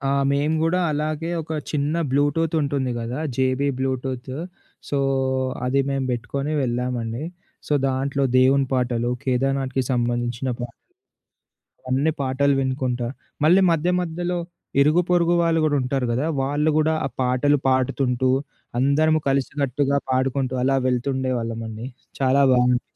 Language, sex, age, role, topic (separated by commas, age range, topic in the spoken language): Telugu, male, 20-24, guest, ట్రెక్కింగ్‌లో సురక్షితంగా ఉండేందుకు మీకు అత్యంత ఉపయోగకరమైన చిట్కాలు ఏవి?